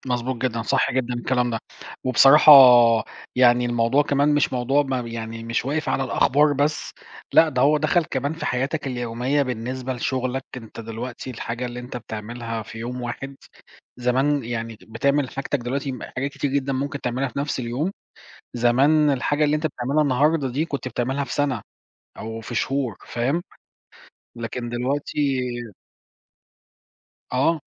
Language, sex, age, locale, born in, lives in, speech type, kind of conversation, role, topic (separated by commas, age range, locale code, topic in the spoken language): Arabic, male, 40-44, ar-EG, Egypt, Portugal, conversation, unstructured, none, إزاي أثرت الثورة العلمية على حياتنا اليومية؟